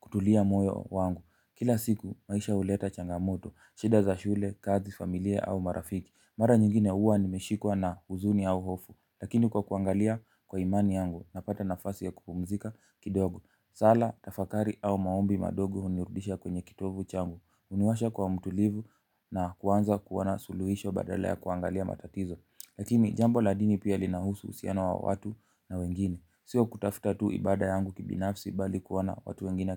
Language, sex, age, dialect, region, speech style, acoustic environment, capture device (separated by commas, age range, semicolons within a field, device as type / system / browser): Swahili, male, 18-24, Kenya - Mombasa, Kenya, focused, nature, mobile / Linux / Chrome